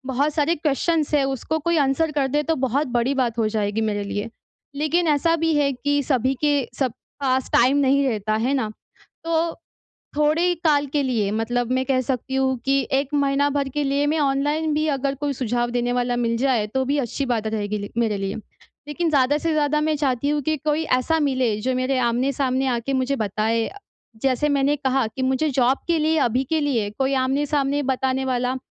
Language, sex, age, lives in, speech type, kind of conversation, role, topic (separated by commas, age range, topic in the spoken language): Hindi, female, 20-24, India, conversation, advice, user, आप एक प्रभावी गुरु–शिष्य संबंध कैसे शुरू करें और उसे कैसे निभाएँ?